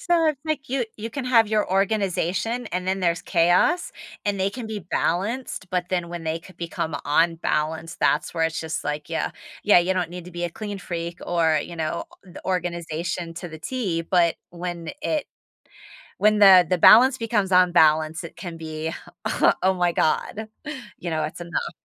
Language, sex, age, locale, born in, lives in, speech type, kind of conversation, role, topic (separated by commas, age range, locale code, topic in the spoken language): English, female, 45-49, en-US, United States, United States, conversation, advice, advisor, How can I address a disagreement with a close friend while preserving our friendship?